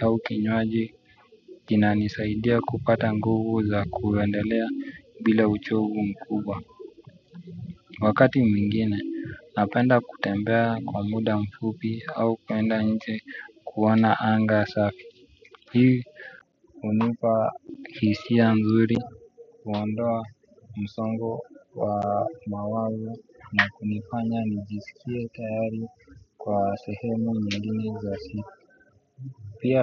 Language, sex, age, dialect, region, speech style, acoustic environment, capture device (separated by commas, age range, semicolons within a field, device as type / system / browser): Swahili, male, 25-34, Kenya - Nairobi Sheng-influenced, Kenya, excited, music, desktop / Windows / Chrome